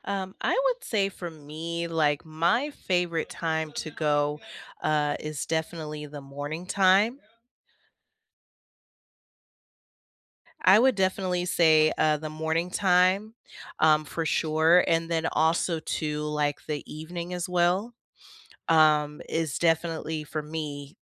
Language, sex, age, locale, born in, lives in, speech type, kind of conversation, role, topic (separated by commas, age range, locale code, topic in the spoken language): English, female, 30-34, en-US, United States, United States, conversation, unstructured, none, Which small daily habit has improved your routine, and why is it meaningful to you?